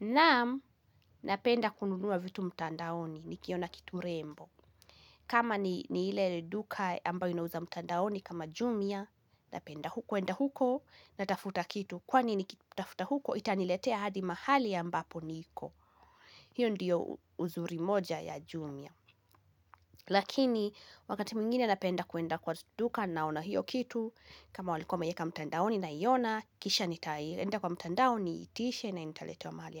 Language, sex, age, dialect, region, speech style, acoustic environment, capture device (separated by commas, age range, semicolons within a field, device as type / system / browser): Swahili, female, 18-24, Kenya - Kiambu, Kenya, happy, nature, mobile / Linux / Chrome